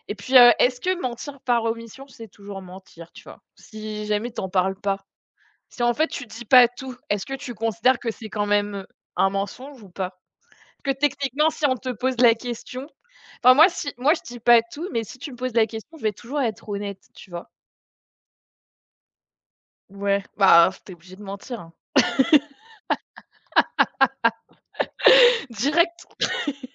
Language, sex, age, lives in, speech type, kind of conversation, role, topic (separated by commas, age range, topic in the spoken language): French, female, 25-29, France, conversation, unstructured, none, Préféreriez-vous vivre dans un monde sans mensonges ou dans un monde où tout le monde dit toujours la vérité ?